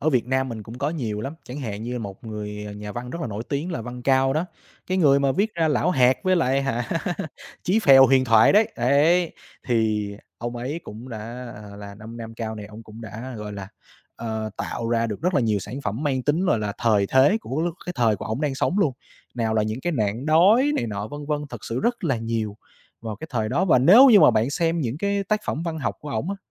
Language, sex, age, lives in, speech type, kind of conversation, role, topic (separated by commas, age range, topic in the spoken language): Vietnamese, male, 25-29, Vietnam, conversation, podcast, guest, Bạn thường tìm cảm hứng ở đâu khi làm nghệ thuật?